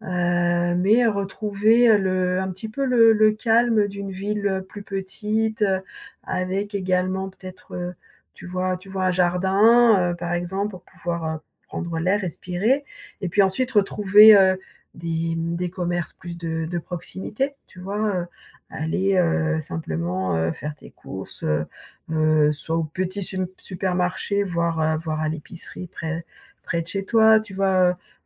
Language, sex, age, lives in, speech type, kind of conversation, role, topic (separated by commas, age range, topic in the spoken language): French, female, 55-59, France, conversation, advice, advisor, Comment exprimer ma frustration de ne pas vivre en accord avec mes valeurs ?